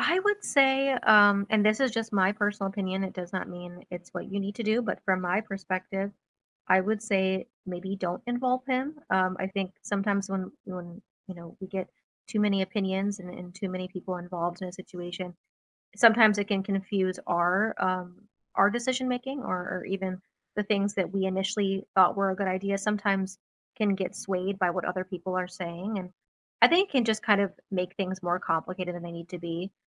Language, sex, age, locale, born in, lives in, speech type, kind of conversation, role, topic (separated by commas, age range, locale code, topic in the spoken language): English, female, 30-34, en-US, United States, United States, conversation, advice, advisor, How do I apologize and rebuild trust after saying something I regret in an argument?